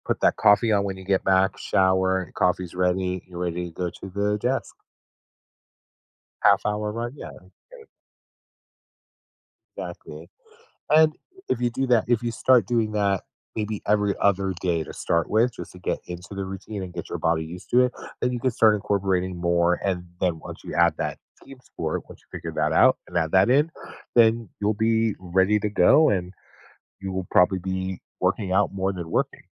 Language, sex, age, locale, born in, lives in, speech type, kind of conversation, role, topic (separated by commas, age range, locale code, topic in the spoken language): English, male, 50-54, en-US, United States, United States, conversation, advice, advisor, How can I build healthier daily routines?